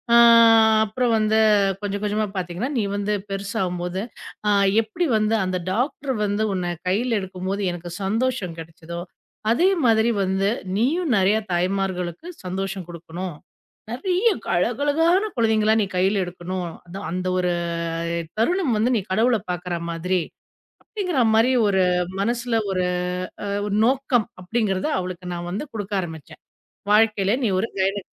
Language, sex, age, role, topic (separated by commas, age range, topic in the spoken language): Tamil, female, 40-44, guest, பிள்ளைகளுக்குள் ஆர்வத்தை எப்படி வளர்க்க முடியும்?